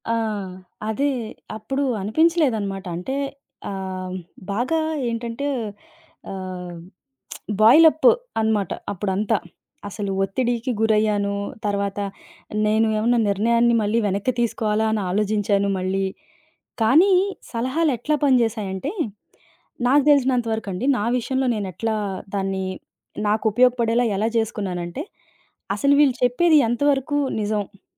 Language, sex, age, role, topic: Telugu, female, 30-34, guest, ఎంతమంది ఎన్నెన్ని సలహాలు ఇచ్చినా, నీ మనసు చూపించే దారిని నువ్వు ఎలా వడపోసుకుని ఎంచుకుంటావు?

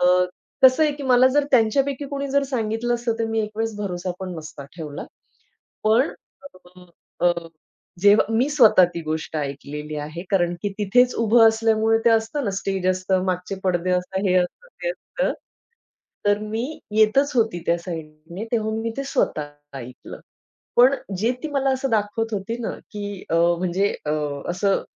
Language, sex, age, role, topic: Marathi, female, 40-44, guest, कधी तुम्हाला असं वाटलं आहे का की लोक आपलं खोटं आयुष्य दाखवतात?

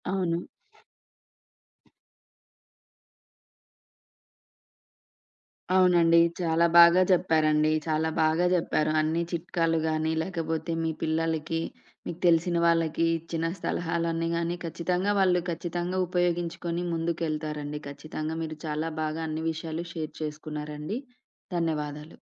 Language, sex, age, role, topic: Telugu, female, 30-34, host, ఒకసారి అలసటతో పూర్తిగా కుంగిపోయిన తర్వాత మీరు మళ్లీ మీ సాధారణ దినచర్యలోకి ఎలా వచ్చారు?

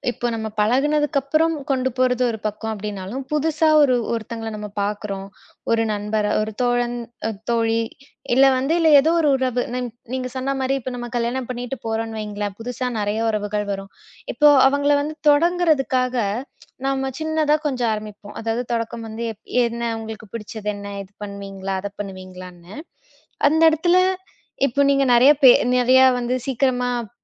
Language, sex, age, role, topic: Tamil, female, 25-29, host, சுவாரஸ்யமான கேள்விகளைக் கேட்டு உறவுகளை மேலும் ஆழப்படுத்துவது எப்படி?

